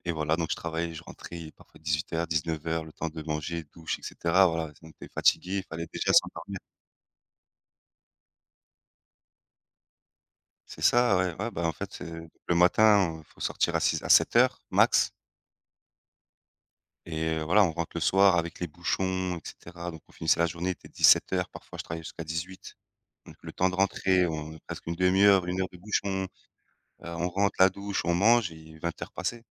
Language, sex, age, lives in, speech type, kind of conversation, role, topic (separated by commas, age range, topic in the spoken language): French, male, 30-34, France, conversation, unstructured, none, Comment trouves-tu l’équilibre entre ta vie professionnelle et ta vie personnelle ?